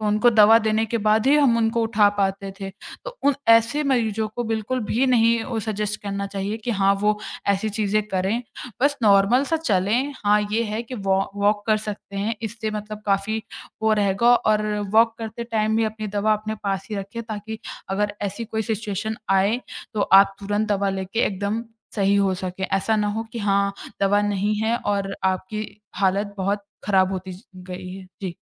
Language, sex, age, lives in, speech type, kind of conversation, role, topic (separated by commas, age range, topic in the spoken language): Hindi, female, 20-24, India, conversation, podcast, guest, साँस की तकनीकें आपकी मदद कैसे करती हैं?